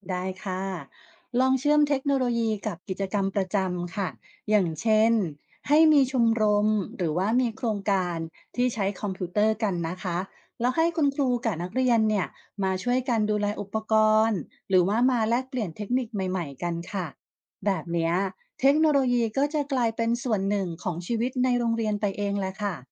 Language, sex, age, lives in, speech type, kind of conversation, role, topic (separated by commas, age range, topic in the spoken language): Thai, female, 45-49, Thailand, read, expert-assistant, expert, โรงเรียนชนบทจะส่งเสริมการใช้เทคโนโลยีเพื่อความเท่าเทียมได้อย่างไร?